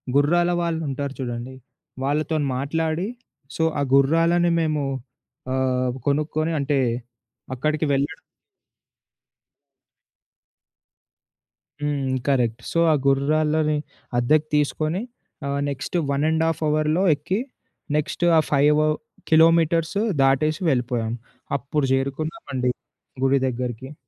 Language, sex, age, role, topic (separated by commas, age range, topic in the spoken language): Telugu, male, 20-24, guest, ట్రెక్కింగ్‌లో సురక్షితంగా ఉండేందుకు మీకు అత్యంత ఉపయోగకరమైన చిట్కాలు ఏవి?